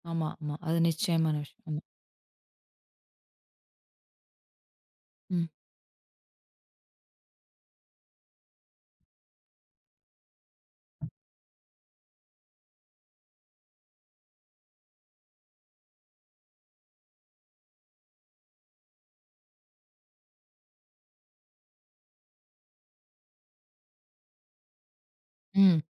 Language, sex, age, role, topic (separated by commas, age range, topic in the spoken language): Tamil, female, 35-39, host, காலையில் சிறிது நேரத்தில் மனதைத் தெளிவாக்க நீங்கள் என்ன செய்கிறீர்கள்?